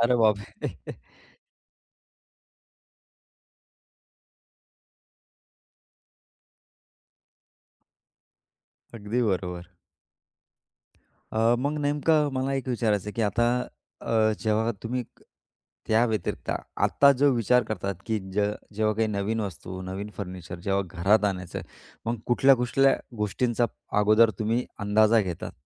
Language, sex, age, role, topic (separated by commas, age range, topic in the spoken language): Marathi, male, 35-39, host, फर्निचर मांडणी करताना तुम्ही कोणत्या गोष्टी सर्वात महत्त्वाच्या मानता?